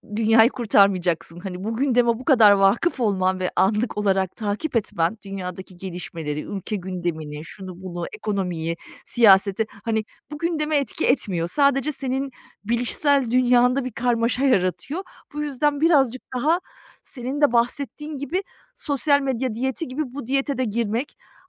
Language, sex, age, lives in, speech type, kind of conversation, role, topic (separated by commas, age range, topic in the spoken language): Turkish, female, 40-44, Germany, conversation, advice, user, Dikkatini dağıtan alışkanlıklardan neden uzak duramıyorsun?